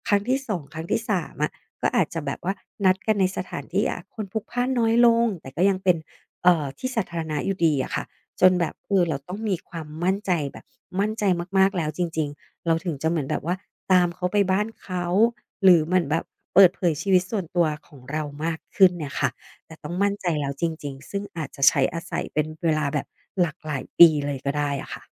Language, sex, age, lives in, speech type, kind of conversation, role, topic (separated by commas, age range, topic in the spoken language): Thai, female, 40-44, Thailand, conversation, podcast, guest, คุณคิดว่าความแตกต่างระหว่างเพื่อนออนไลน์กับเพื่อนที่รู้จักกันตัวจริงคืออะไร?